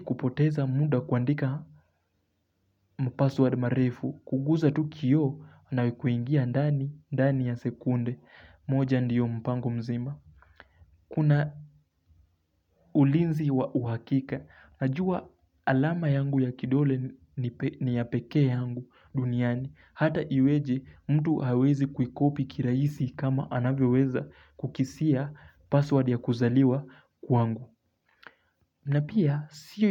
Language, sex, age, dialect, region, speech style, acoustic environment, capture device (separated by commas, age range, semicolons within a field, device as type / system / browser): Swahili, male, 18-24, Kenya - Mombasa, Kenya, relaxed, silence, mobile / Linux / Chrome